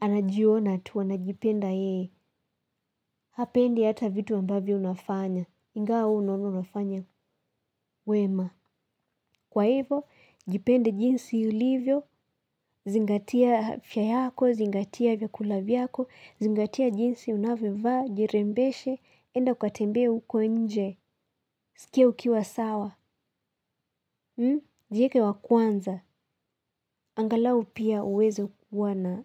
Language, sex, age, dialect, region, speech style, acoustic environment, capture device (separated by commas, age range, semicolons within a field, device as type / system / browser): Swahili, female, 18-24, Kenya - Nairobi Sheng-influenced, Kenya, happy; excited; relaxed; focused, silence, mobile / Linux / Chrome